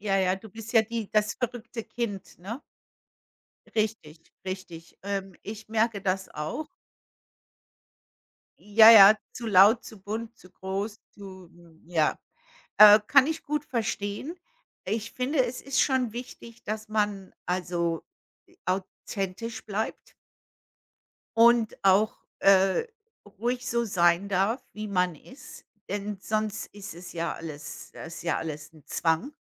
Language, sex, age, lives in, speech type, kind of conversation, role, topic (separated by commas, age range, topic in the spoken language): German, female, 55-59, United States, conversation, unstructured, none, Wie zeigst du anderen, wer du bist?